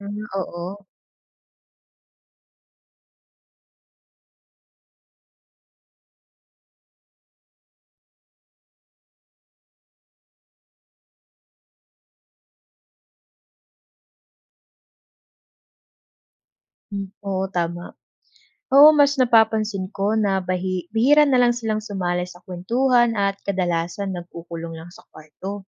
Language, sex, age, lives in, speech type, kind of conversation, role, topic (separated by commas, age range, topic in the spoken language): Filipino, female, 25-29, Philippines, read, expert-assistant, asker, Ano ang mga paraan upang mapanatili ang pagkakaisa sa pamilya?